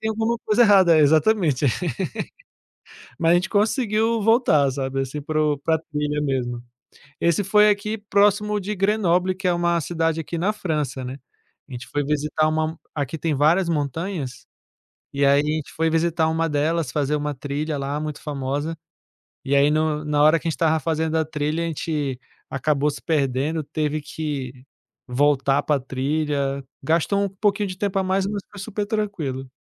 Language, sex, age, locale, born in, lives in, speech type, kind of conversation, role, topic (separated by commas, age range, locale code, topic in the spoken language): Portuguese, male, 35-39, pt-BR, Brazil, France, conversation, podcast, guest, Qual foi a experiência mais engraçada que você já viveu durante uma viagem?